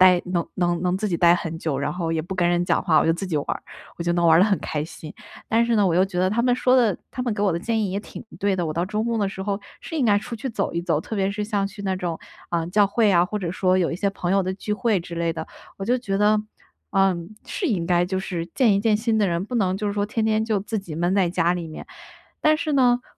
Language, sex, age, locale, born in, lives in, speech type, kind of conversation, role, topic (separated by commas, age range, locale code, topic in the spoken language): Chinese, female, 25-29, zh-CN, China, United States, conversation, advice, user, 周末我该如何平衡社交与独处时间？